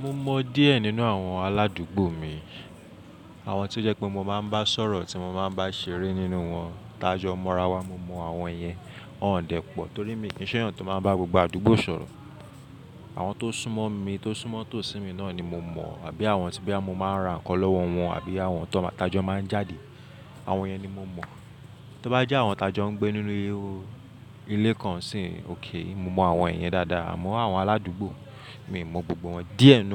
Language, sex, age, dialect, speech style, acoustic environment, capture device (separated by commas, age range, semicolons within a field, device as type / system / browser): Yoruba, male, 25-34, Nigeria - Lagos Yoruba, relaxed, silence; people talking; nature, mobile / Linux / Chrome